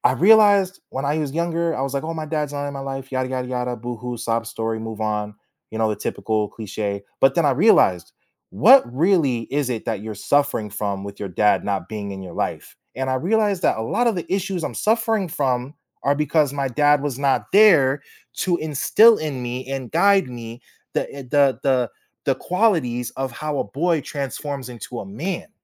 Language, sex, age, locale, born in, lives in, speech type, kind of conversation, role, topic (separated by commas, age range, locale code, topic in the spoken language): English, male, 25-29, en-US, United States, United States, conversation, podcast, guest, How have your daily routines shaped who you are today?